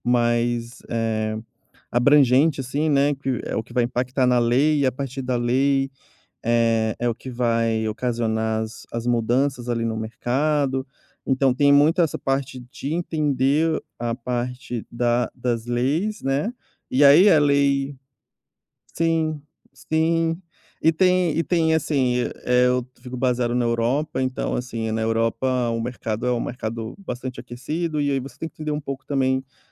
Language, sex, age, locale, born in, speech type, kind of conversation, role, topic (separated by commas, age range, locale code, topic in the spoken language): Portuguese, male, 30-34, pt-BR, Brazil, conversation, podcast, guest, Como você decidiu mudar de carreira?